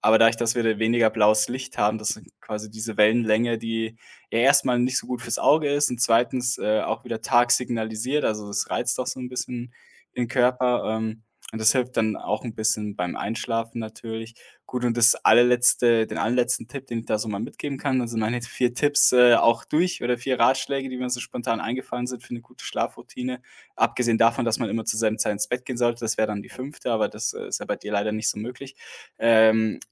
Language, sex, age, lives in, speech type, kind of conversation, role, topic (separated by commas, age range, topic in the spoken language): German, male, 25-29, Germany, conversation, advice, advisor, Wie kann ich einen regelmäßigen Schlafrhythmus entwickeln?